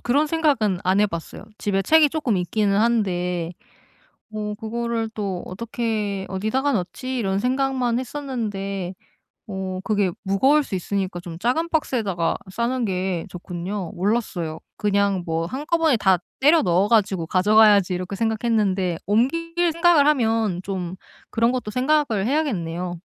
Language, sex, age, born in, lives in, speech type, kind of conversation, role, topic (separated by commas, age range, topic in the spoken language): Korean, female, 30-34, South Korea, South Korea, conversation, advice, user, 이사할 때 물건을 어떻게 효율적으로 포장하고 정리하면 좋을까요?